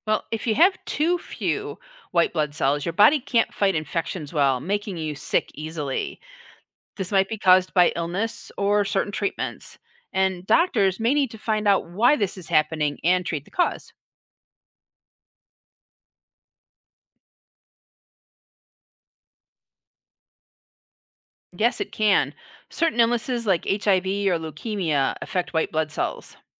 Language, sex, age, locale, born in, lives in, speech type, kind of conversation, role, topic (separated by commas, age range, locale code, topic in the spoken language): English, female, 60-64, en-US, United States, United States, read, expert-assistant, expert, What is the role of a white blood cell?